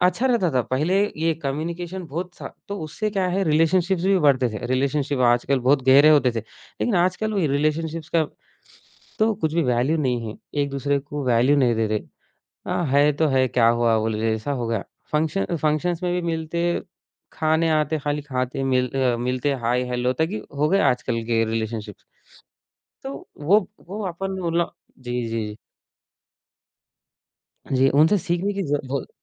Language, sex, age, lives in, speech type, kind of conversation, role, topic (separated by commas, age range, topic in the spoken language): Hindi, male, 20-24, India, conversation, podcast, guest, Pichhli generation ke values aaj tumhari zindagi mein kaise nazar aate hain?